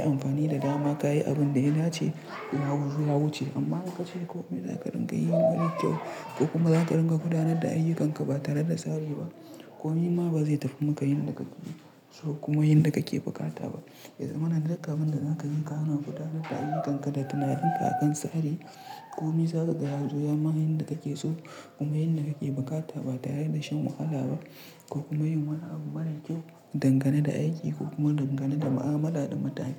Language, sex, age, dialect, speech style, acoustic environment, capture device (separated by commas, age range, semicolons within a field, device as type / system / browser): Hausa, male, 25-34, Nigeria - Nigerian Standard, relaxed; tired; stressed; focused, silence; nature, mobile / Linux / Chrome